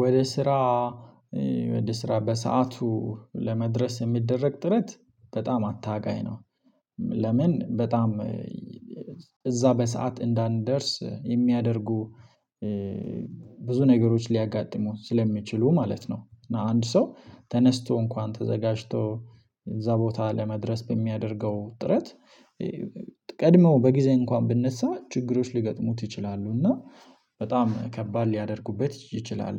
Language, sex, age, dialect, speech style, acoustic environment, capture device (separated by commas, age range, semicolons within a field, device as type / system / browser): Amharic, male, 25-34, Ethiopia - Addis Ababa, happy; relaxed; excited; focused, silence, mobile / Linux / Chrome